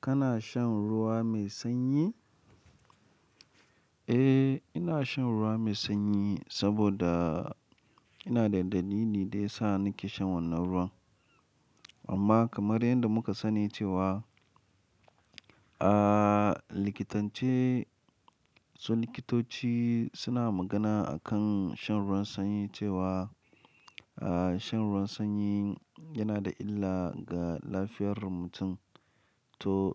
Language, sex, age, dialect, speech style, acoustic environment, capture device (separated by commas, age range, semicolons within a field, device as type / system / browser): Hausa, male, 25-34, Nigeria - Lagos Yoruba, happy; excited, silence; nature, mobile / Linux / Chrome